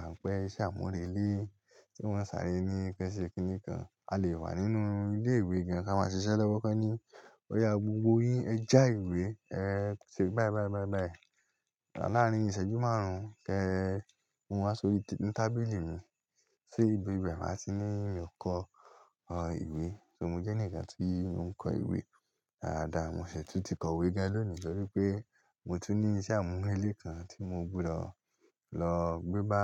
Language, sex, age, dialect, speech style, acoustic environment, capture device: Yoruba, male, 18-24, Nigeria - Lagos Yoruba, relaxed; happy, nature; silence, mobile / Linux / Chrome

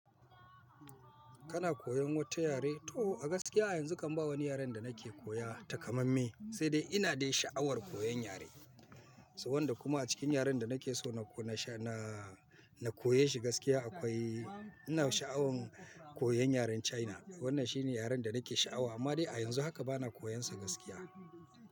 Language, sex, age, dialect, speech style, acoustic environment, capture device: Hausa, male, 45-54, Nigeria - Kano, focused, people talking; nature, mobile / Linux / Chrome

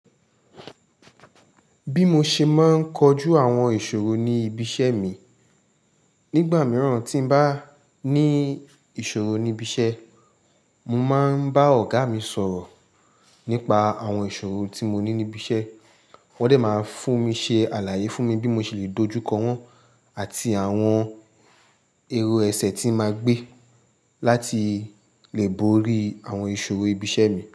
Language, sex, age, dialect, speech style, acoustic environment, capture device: Yoruba, male, 25-34, Nigeria - Lagos Yoruba, tired, people talking; construction; traffic; music, mobile / Linux / Chrome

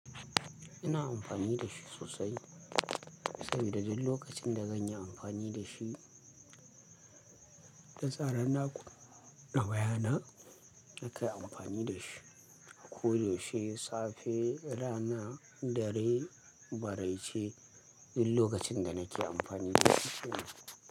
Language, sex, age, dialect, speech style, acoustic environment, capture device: Hausa, male, 25-34, Nigeria - Kano, happy, silence, mobile / Linux / Chrome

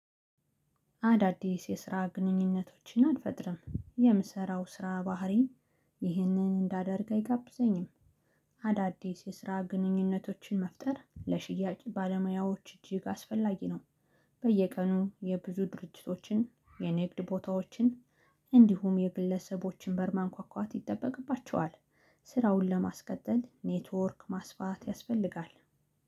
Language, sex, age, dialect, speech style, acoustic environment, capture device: Amharic, female, 35-44, Ethiopia - Gondar, relaxed, silence, mobile / Linux / Chrome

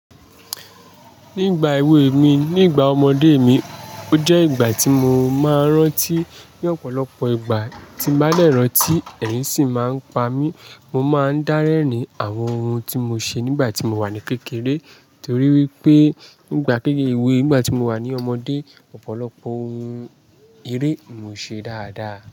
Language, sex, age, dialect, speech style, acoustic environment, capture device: Yoruba, male, 25-34, Nigeria - Lagos Yoruba, happy, nature; people talking, mobile / Linux / Chrome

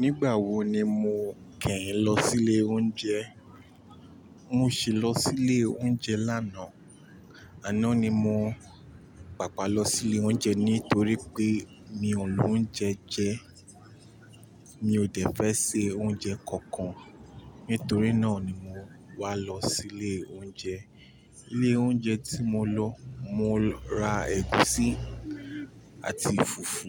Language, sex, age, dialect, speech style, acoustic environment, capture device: Yoruba, male, 25-34, Nigeria - Nigerian Standard, relaxed, traffic, mobile / Linux / Chrome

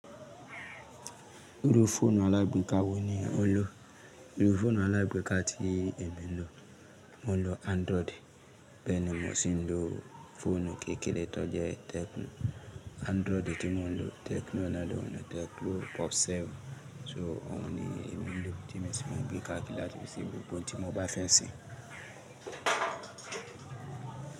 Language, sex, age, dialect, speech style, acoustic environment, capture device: Yoruba, male, 35-44, Nigeria - Lagos Yoruba, happy; excited, people talking; music, mobile / Linux / Chrome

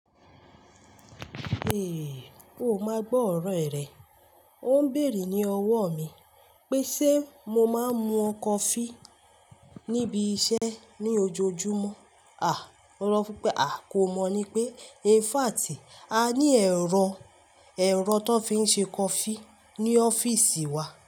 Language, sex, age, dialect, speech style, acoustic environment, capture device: Yoruba, female, 25-34, Nigeria - Lagos Yoruba, relaxed; focused, silence, mobile / Linux / Chrome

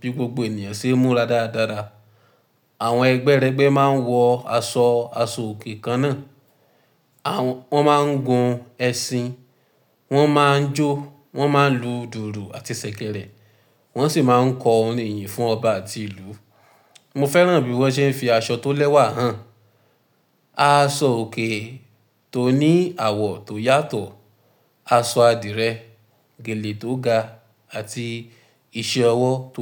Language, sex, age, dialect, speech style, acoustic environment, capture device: Yoruba, male, 18-24, Nigeria - Ibadan, anxious; happy, silence, mobile / Linux / Chrome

